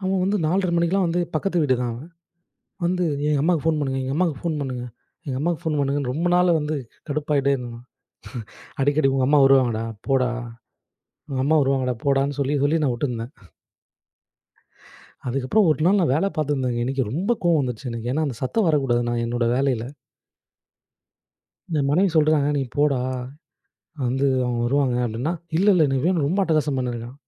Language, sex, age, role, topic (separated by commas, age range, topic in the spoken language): Tamil, male, 25-29, guest, குழந்தைகளுக்கான திரை நேர எல்லையை நீங்கள் எப்படித் தீர்மானிப்பீர்கள்?